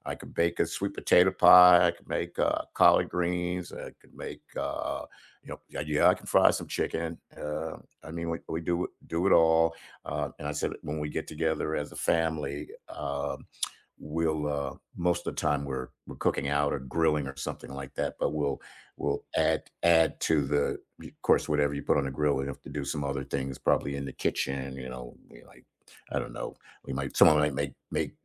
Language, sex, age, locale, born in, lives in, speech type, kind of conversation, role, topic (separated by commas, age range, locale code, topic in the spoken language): English, male, 65-69, en-US, United States, United States, conversation, unstructured, none, What traditions have you created with roommates, friends, or family?